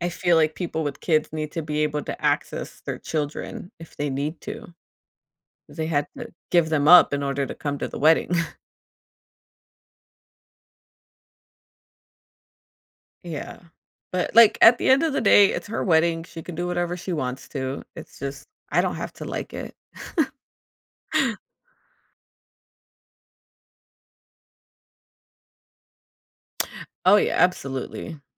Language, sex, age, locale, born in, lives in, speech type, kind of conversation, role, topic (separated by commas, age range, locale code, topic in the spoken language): English, female, 35-39, en-US, United States, United States, conversation, advice, user, How can I prepare to feel less anxious before an event?